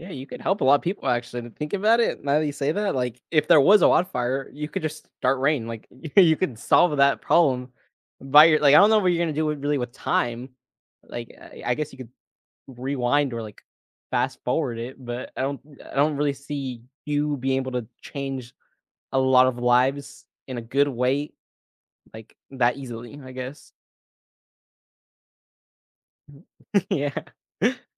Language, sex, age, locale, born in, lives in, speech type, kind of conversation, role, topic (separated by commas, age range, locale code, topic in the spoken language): English, male, 20-24, en-US, United States, United States, conversation, unstructured, none, Would you rather be able to control the weather or control time?